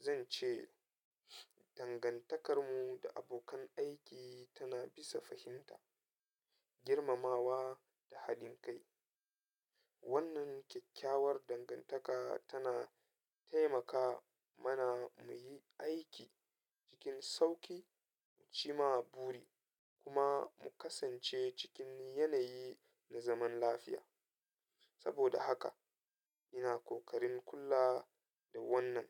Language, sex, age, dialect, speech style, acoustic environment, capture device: Hausa, male, 25-34, Nigeria - Katsina, happy; nervous; anxious, people talking; traffic; music; appliances, mobile / Linux / Chrome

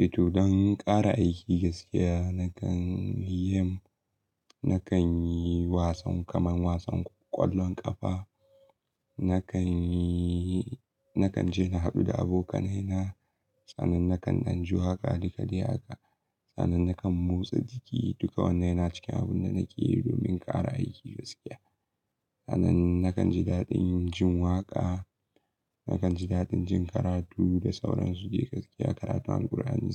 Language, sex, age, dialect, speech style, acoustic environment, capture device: Hausa, male, 18-24, Nigeria - Kano, excited; happy, nature; silence, mobile / Linux / Chrome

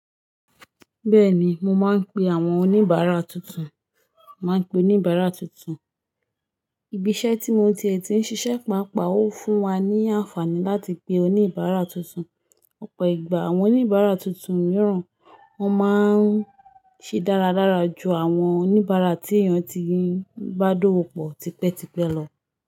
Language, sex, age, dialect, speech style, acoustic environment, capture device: Yoruba, female, 25-34, Nigeria - Lagos Yoruba, focused, nature, mobile / Linux / Chrome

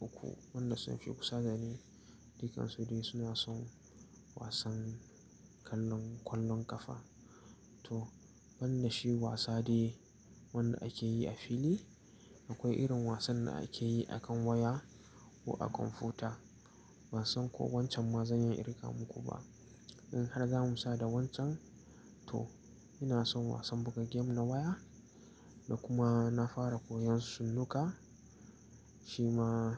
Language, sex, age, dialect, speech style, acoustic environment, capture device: Hausa, male, 18-24, Nigeria - Nigerian Standard, relaxed, nature, mobile / Linux / Chrome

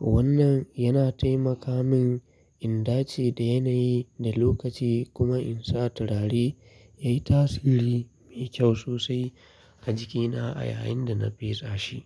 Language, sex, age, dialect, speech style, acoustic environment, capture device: Hausa, male, 25-34, Nigeria - Kano, relaxed; focused, people talking; traffic, mobile / Linux / Chrome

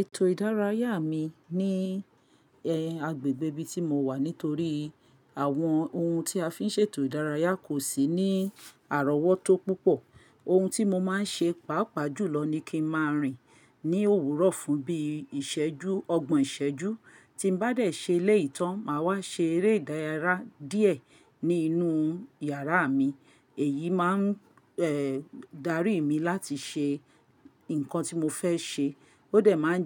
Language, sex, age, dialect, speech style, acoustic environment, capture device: Yoruba, female, 45-54, Nigeria - Lagos Yoruba, happy, silence, mobile / Linux / Chrome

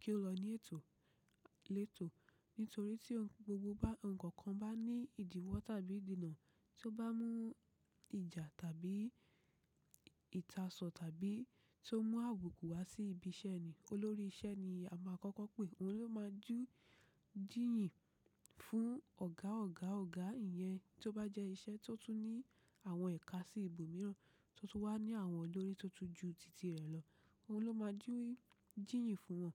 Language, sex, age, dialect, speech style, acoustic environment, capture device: Yoruba, female, 25-34, Nigeria - Ibadan, relaxed, nature, mobile / macOS / Safari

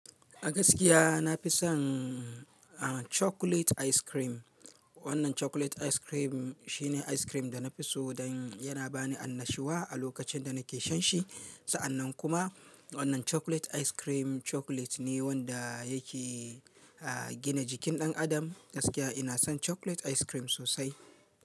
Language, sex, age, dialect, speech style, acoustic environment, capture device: Hausa, male, 35-44, Nigeria - Kano, happy; relaxed; excited, nature; silence, mobile / Linux / Chrome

